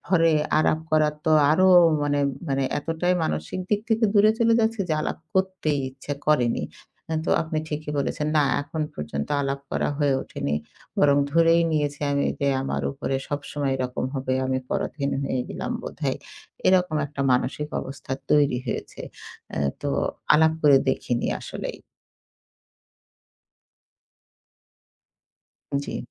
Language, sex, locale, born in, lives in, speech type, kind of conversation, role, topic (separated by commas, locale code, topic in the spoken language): Bengali, female, bn-BD, Bangladesh, Bangladesh, conversation, advice, user, সম্পর্কে আত্মসম্মান বজায় রেখে আমি কীভাবে স্বাধীনতা ও সংযোগের ভারসাম্য রক্ষা করতে পারি?